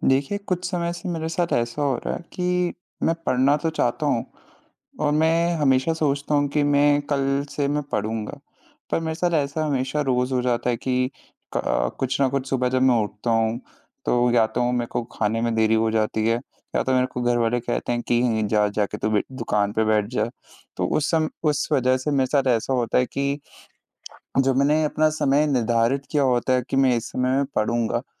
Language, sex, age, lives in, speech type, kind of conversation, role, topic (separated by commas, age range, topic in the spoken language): Hindi, male, 20-24, India, conversation, advice, user, मुझे रोज़ाना पढ़ने की आदत डालने में असफलता क्यों महसूस होती है?